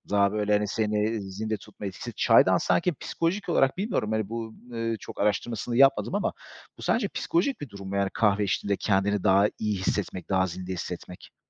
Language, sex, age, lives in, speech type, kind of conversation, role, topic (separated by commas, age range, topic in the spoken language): Turkish, male, 35-39, Greece, conversation, podcast, host, Sabah çay ya da kahve hazırlama ritüelin zaman içinde nasıl şekillendi?